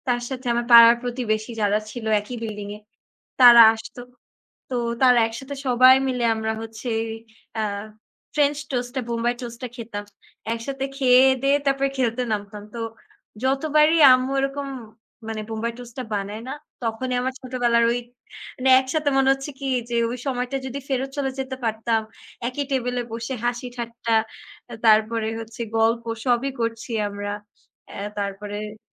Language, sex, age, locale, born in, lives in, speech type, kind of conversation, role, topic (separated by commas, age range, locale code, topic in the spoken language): Bengali, female, 20-24, bn-BD, Bangladesh, Bangladesh, conversation, unstructured, none, কোন খাবারটি আপনাকে সবসময় কোনো বিশেষ স্মৃতির কথা মনে করিয়ে দেয়?